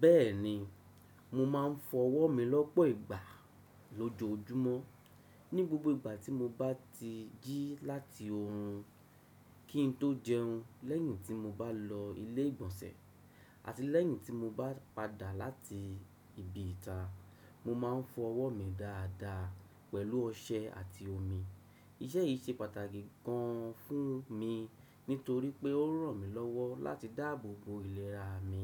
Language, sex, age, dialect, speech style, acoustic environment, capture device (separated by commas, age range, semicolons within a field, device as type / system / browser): Yoruba, male, 35-44, Nigeria - Lagos Yoruba, happy, silence, desktop / Windows / Chrome